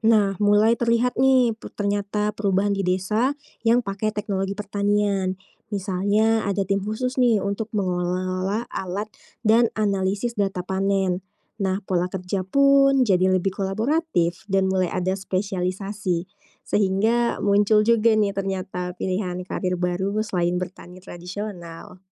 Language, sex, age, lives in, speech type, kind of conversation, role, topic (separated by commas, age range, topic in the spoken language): Indonesian, female, 25-29, Indonesia, read, expert-assistant, expert, Bagaimana teknologi pertanian bisa membuat proses menanam dan memanen jadi lebih efisien ke depannya?